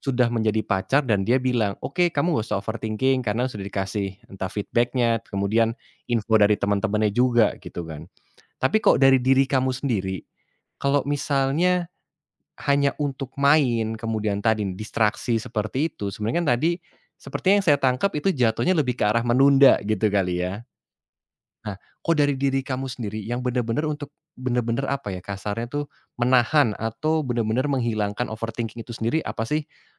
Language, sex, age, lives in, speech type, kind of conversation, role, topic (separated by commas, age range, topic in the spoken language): Indonesian, male, 30-34, Indonesia, conversation, podcast, host, Apa tips sederhana untuk mengatasi overthinking yang sering muncul?